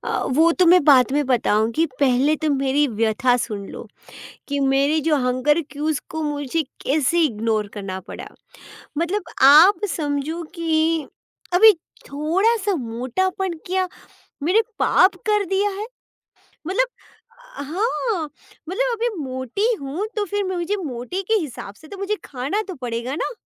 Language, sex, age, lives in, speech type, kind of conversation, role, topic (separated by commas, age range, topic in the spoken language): Hindi, female, 40-44, India, conversation, podcast, guest, Kya tum kabhi apni bhookh ke sanket nazarandaaz karte ho?